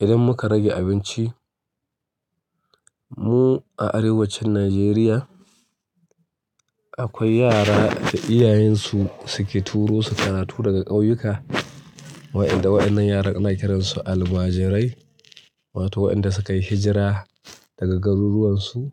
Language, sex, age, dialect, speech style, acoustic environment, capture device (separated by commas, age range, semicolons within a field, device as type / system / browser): Hausa, male, 35-44, Nigeria - Kano, relaxed, nature, mobile / Linux / Chrome